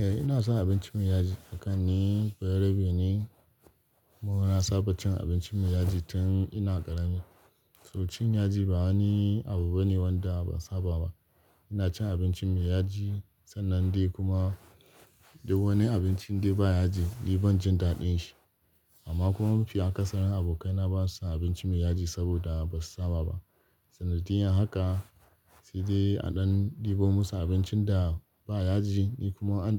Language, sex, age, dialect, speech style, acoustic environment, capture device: Hausa, male, 25-34, Nigeria - Kano, happy; focused, nature, desktop / Linux / Chrome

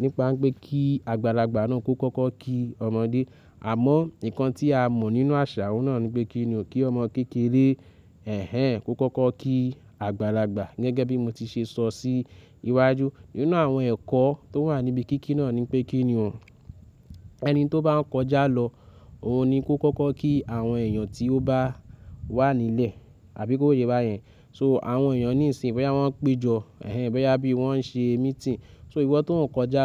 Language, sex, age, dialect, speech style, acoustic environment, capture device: Yoruba, male, 25-34, Nigeria - Lagos Yoruba, nervous, silence, mobile / Linux / Chrome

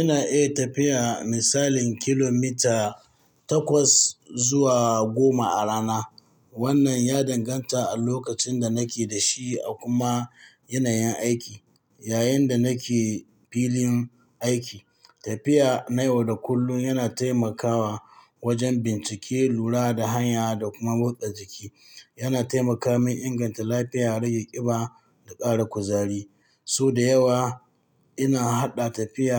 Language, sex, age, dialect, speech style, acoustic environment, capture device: Hausa, male, 45-54, Nigeria - Katsina, happy; focused; excited, people talking, mobile / Linux / Chrome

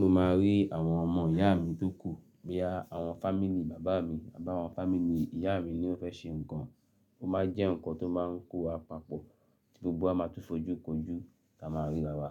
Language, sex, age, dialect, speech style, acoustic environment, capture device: Yoruba, male, 35-44, Nigeria - Lagos Yoruba, happy, nature, mobile / Linux / Chrome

